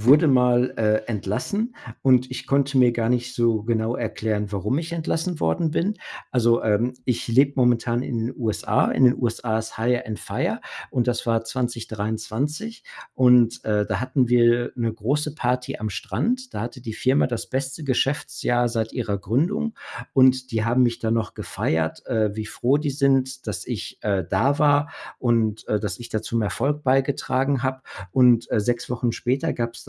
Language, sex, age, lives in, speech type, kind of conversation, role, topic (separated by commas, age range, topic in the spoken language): German, male, 45-49, United States, conversation, podcast, guest, Wie gehst du mit Unehrlichkeit im Alltag um?